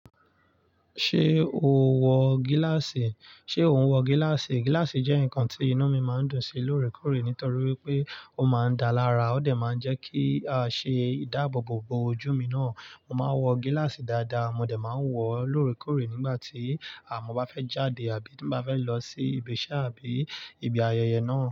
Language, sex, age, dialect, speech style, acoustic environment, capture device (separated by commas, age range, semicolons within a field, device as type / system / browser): Yoruba, male, 25-34, Nigeria - Nigerian Standard, excited, nature, mobile / Linux / Chrome